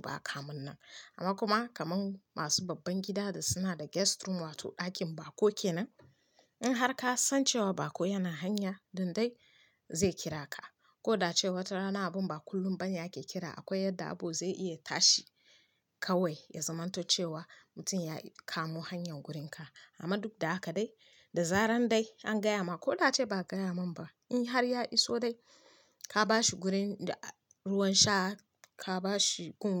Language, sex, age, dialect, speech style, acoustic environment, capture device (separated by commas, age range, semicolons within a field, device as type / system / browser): Hausa, female, 25-34, Nigeria - Kano, happy; focused, nature, mobile / Linux / Chrome